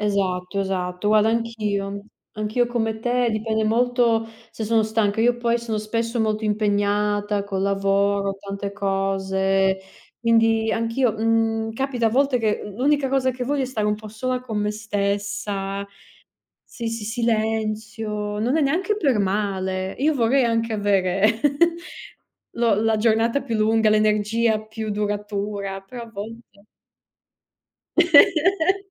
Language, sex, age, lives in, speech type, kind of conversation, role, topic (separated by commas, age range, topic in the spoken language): Italian, female, 30-34, Italy, conversation, unstructured, none, Cosa ti piace fare nel tempo libero?